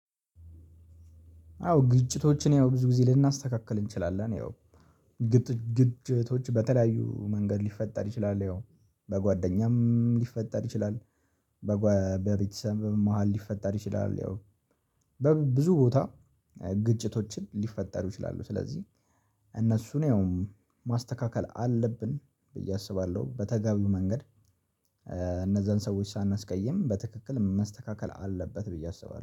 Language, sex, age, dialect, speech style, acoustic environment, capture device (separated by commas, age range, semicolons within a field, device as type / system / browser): Amharic, male, 18-24, Ethiopia - Addis Ababa, happy, silence, mobile / Linux / Chrome